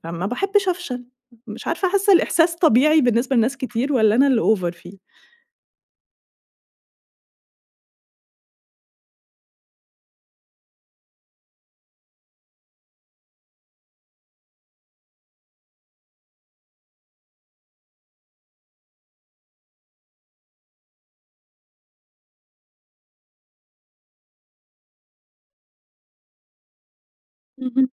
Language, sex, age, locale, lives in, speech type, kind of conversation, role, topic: Arabic, female, 30-34, ar-EG, Egypt, conversation, advice, user, إزاي أتعامل مع خوفي من الفشل ومن النقد؟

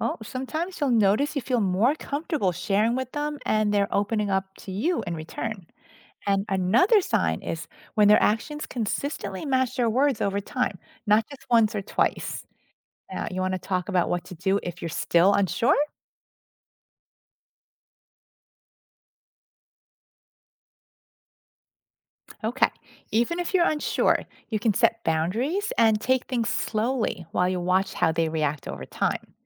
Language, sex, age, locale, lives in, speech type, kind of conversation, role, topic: English, female, 50-54, en-US, United States, read, expert-assistant, expert, How can you build trust with others?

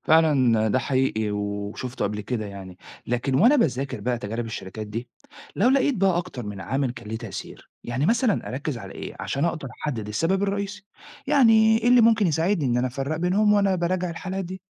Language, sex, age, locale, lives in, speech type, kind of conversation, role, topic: Arabic, male, 40-44, ar-EG, Italy, read, expert-assistant, asker, إزاي نظام الحكم في كل بلد ممكن يأثر على البزنس الصغير؟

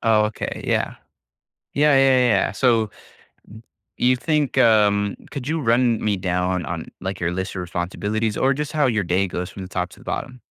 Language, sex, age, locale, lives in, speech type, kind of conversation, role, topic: English, male, 20-24, en-US, United States, conversation, advice, advisor, How can I manage feeling overwhelmed by daily responsibilities?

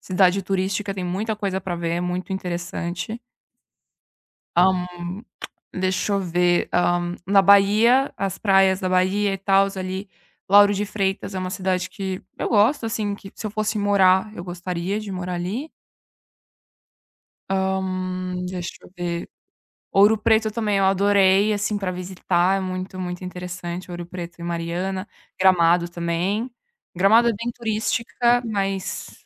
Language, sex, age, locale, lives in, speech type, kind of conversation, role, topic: Portuguese, female, 20-24, pt-BR, Italy, conversation, podcast, guest, Qual cheiro que sai do forno faz você sorrir imediatamente?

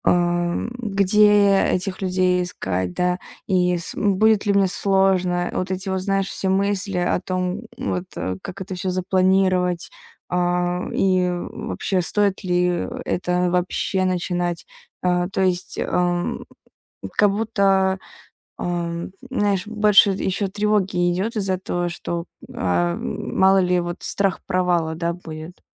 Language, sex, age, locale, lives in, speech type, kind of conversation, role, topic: Russian, female, 20-24, ru-RU, Estonia, conversation, advice, user, Почему мне не хватает мотивации заниматься своими увлечениями?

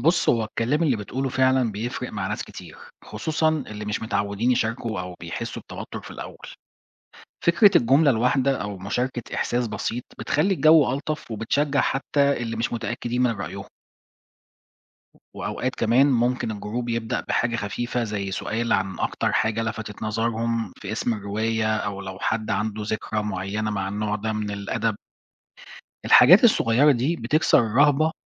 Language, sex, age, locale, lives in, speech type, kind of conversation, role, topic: Arabic, male, 40-44, ar-EG, Portugal, read, expert-assistant, expert, إزاي نخلي مناقشة الروايات أبسط وأريح لكل الناس؟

